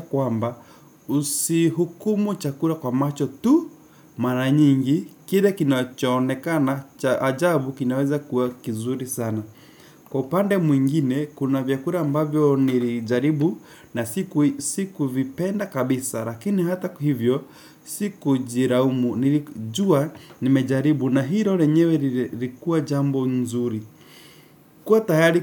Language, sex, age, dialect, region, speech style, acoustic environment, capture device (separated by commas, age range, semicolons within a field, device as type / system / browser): Swahili, male, 18-24, Kenya - Nairobi Sheng-influenced, Kenya, nervous, people talking, desktop / Windows / Chrome